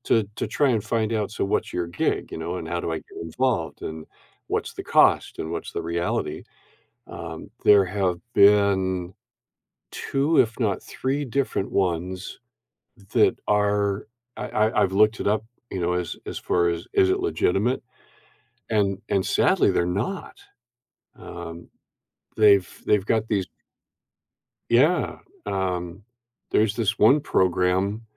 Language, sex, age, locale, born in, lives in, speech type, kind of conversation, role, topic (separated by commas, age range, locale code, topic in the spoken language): English, male, 70-74, en-US, Canada, United States, conversation, advice, user, How can I step out of my comfort zone and build confidence trying new things?